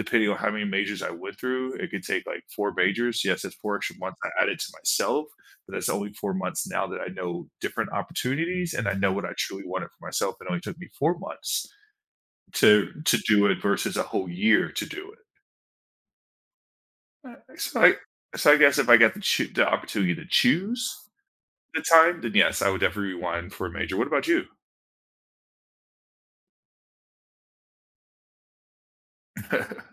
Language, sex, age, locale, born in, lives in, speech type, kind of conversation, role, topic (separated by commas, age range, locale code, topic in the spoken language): English, male, 35-39, en-US, United States, United States, conversation, unstructured, none, Would you rather have a rewind button for your life or a pause button?